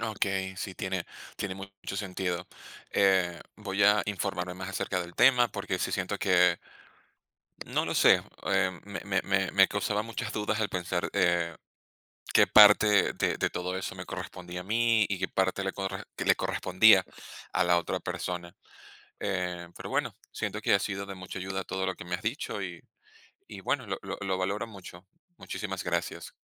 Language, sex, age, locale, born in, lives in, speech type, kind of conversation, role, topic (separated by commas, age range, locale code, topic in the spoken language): Spanish, male, 30-34, es-VE, Venezuela, Spain, conversation, advice, user, ¿Cómo has vivido la baja autoestima después de una ruptura amorosa reciente?